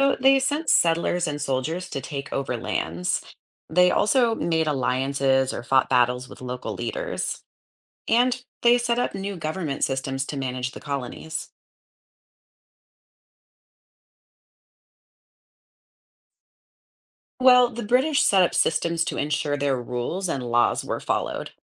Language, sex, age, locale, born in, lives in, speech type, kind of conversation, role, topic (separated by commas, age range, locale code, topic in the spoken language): English, female, 35-39, en-US, United States, United States, read, expert-assistant, expert, What was the role of the British Empire in global colonization?